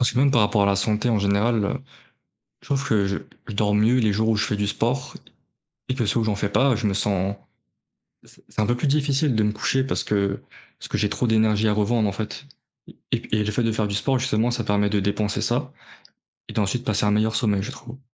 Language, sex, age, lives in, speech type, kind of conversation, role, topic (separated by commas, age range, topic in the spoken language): French, male, 20-24, France, conversation, unstructured, none, Comment peux-tu encourager quelqu’un à commencer une activité physique ?